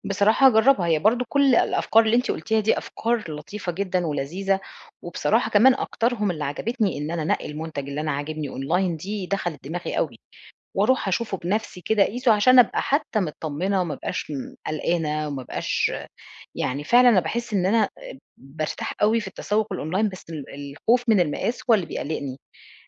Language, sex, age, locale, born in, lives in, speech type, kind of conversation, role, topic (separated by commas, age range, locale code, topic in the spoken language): Arabic, female, 40-44, ar-EG, Egypt, Portugal, conversation, advice, user, إزاي أقدر أتسوّق وأختار لبس يناسب جسمي وميزانيتي؟